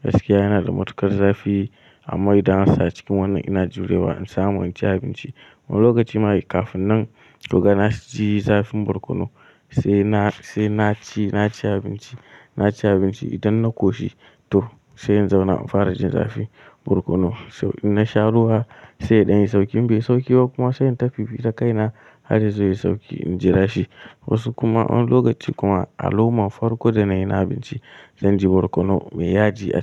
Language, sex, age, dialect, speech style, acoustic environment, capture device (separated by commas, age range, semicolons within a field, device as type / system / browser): Hausa, male, 18-24, Nigeria - Kano, anxious; happy, nature, mobile / Linux / Chrome